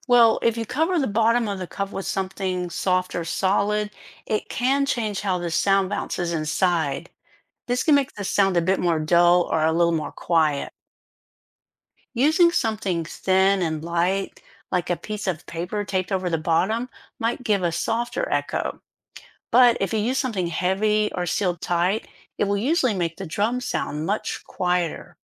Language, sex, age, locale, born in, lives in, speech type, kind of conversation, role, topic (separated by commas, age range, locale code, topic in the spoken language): English, female, 60-64, en-US, United States, United States, read, expert-assistant, expert, How can you make a simple drum using a plastic cup and a rubber band?